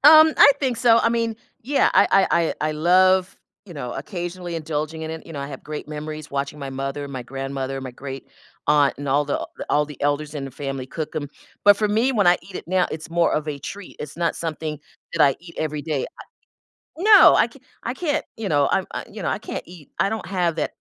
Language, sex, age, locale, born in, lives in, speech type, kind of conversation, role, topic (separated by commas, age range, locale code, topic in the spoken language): English, female, 60-64, en-US, United States, United States, conversation, podcast, guest, How does sharing traditional foods help you connect with your heritage and others?